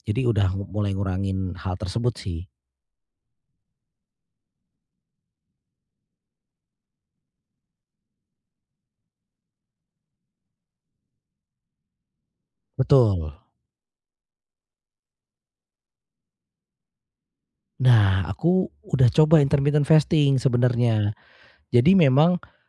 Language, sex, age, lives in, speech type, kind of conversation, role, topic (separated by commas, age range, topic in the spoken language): Indonesian, male, 35-39, Indonesia, conversation, advice, user, Bagaimana cara membiasakan makan sehat jika saya sulit mempertahankan kebiasaan makan sehat?